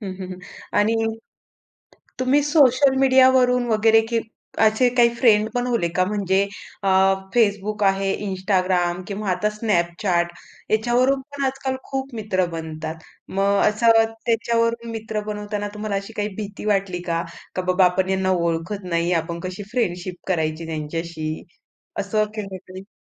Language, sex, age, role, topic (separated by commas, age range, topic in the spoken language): Marathi, female, 25-29, host, मित्र बनवताना तुमच्यासाठी सर्वात महत्त्वाचं काय असतं?